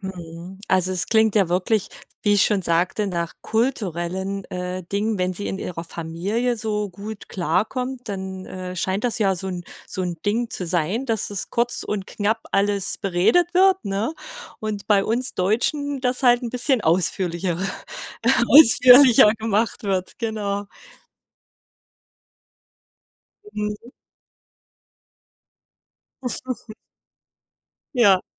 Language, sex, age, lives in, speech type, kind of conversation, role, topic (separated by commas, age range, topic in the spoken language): German, female, 40-44, Germany, conversation, advice, advisor, Wie lassen sich häufige Missverständnisse und anhaltende Kommunikationsprobleme klären?